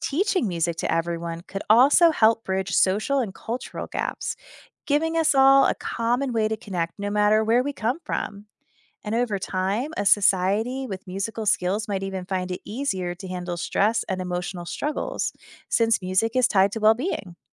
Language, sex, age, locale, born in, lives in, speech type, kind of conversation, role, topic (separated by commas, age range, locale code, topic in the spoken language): English, female, 35-39, en-US, United States, United States, read, expert-assistant, expert, Consider a society where everyone must learn a musical instrument.